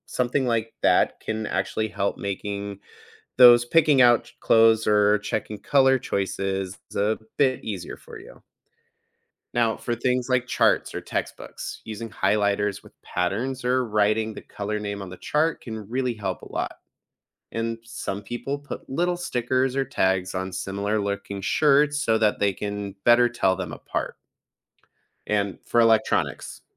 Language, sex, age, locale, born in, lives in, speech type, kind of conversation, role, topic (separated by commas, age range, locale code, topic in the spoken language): English, male, 30-34, en-US, United States, United States, read, expert-assistant, expert, How could human senses be improved for color blindness?